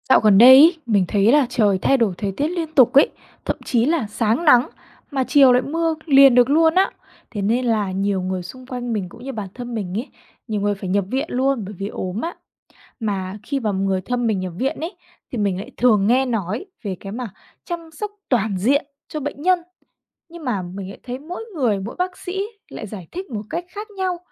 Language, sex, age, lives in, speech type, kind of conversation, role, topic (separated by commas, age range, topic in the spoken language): Vietnamese, female, 20-24, Vietnam, read, expert-assistant, asker, Chăm sóc toàn diện cho bệnh nhân ở bệnh viện là gì?